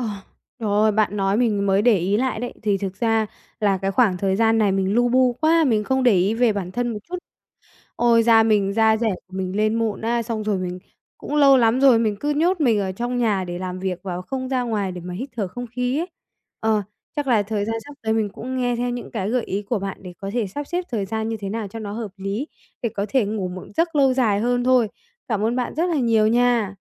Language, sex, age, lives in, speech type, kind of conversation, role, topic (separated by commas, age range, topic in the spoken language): Vietnamese, female, 45-49, Vietnam, conversation, advice, user, Tại sao tôi ngủ nhiều nhưng vẫn mệt suốt cả ngày?